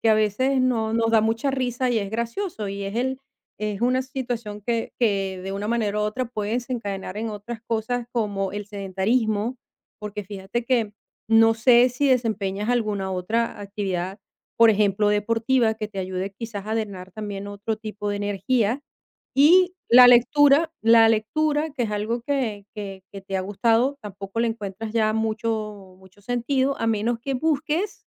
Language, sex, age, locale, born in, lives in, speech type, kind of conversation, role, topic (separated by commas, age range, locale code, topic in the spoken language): Spanish, female, 50-54, es-VE, Venezuela, Portugal, conversation, advice, advisor, ¿Cómo puedo desconectarme del celular por la noche antes de dormir?